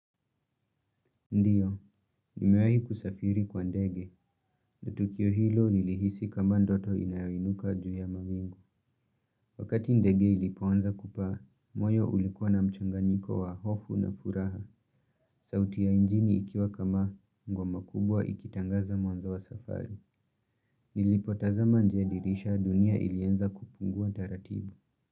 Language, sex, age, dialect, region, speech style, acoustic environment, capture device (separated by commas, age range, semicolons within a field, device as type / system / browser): Swahili, male, 18-24, Kenya - Nairobi Sheng-influenced, Kenya, relaxed, appliances; nature, mobile / Linux / Chrome